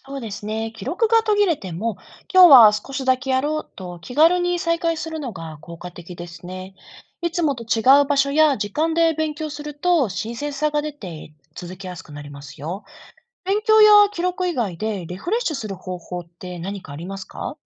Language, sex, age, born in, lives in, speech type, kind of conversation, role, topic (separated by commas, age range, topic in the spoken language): Japanese, female, 35-39, Japan, Japan, read, expert-assistant, expert, 大人に効果的な勉強法は何ですか？